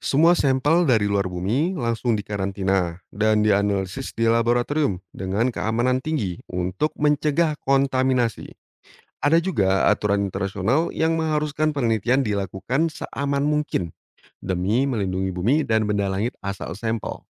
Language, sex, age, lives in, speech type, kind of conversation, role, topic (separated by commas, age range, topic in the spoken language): Indonesian, male, 30-34, Indonesia, read, expert-assistant, expert, Apakah Mungkin Ada Kehidupan di Luar Bumi dan Bagaimana Cara Mencarinya?